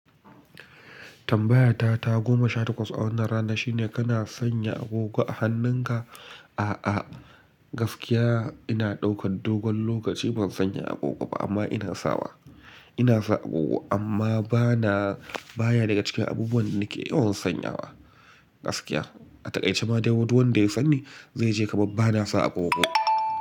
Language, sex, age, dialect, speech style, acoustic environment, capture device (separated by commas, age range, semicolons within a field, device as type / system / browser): Hausa, male, 25-34, Nigeria - Kano, relaxed, appliances; silence, mobile / Linux / Chrome